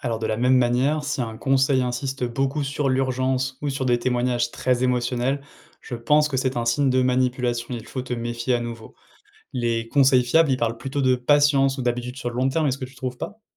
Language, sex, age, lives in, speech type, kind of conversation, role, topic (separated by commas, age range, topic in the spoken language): French, male, 30-34, France, read, expert-assistant, expert, Quand tu lis des astuces sur comment on dépense ou économise, comment tu vérifies si c’est sérieux ?